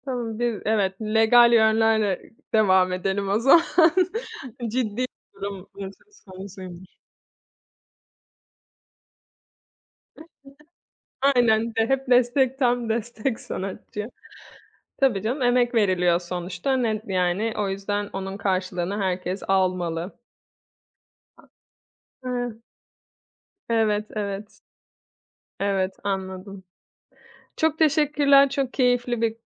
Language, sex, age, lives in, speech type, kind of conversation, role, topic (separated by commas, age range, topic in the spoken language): Turkish, female, 30-34, Italy, conversation, podcast, host, Çocukken izlediğin en sevdiğin çizgi filmi anlatır mısın?